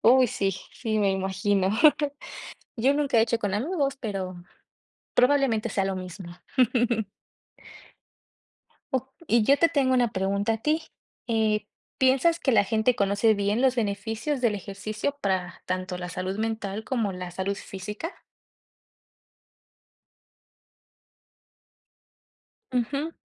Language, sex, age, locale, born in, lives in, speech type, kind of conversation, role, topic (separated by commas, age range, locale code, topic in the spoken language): Spanish, female, 25-29, es-MX, Mexico, Mexico, conversation, unstructured, none, ¿Crees que el ejercicio puede mejorar tu estado de ánimo?